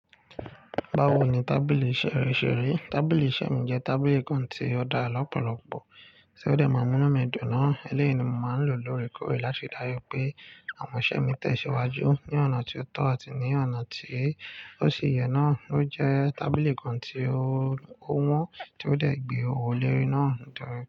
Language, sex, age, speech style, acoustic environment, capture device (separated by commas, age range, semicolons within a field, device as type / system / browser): Yoruba, male, 25-34, focused, nature, mobile / Linux / Chrome